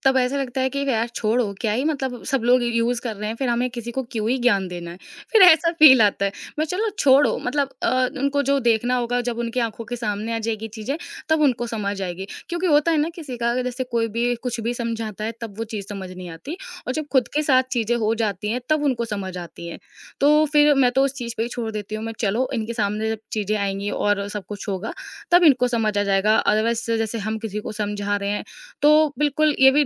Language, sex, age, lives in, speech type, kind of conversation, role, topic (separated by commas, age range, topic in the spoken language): Hindi, female, 20-24, India, conversation, podcast, guest, Tum kab kisi series ko ek saath binge karte ho, aur kab har hafte naya episode ka intezar karna pasand karte ho?